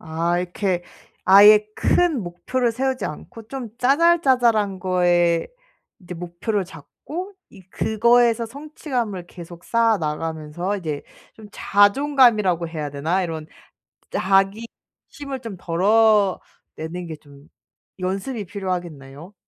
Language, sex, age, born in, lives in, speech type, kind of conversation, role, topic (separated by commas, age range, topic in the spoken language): Korean, female, 25-29, South Korea, Germany, conversation, advice, user, 일이나 학교에서 스스로 자격이 없다고 느끼며 계속 불안하고 자신이 없을 때 어떻게 하면 좋을까요?